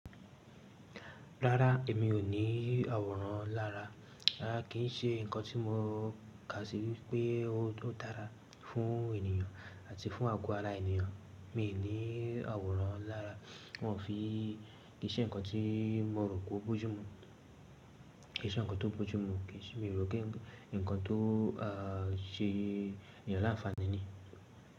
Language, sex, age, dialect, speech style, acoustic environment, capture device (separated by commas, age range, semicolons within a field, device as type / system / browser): Yoruba, male, 18-24, Nigeria - Lagos Yoruba, relaxed, silence, mobile / Linux / Chrome